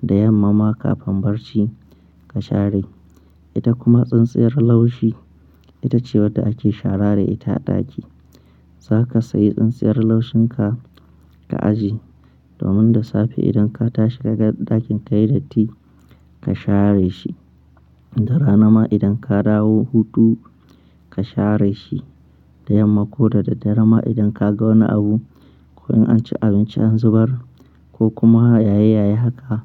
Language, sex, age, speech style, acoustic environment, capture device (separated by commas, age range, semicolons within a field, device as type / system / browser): Hausa, male, 25-34, happy, nature, mobile / Linux / Chrome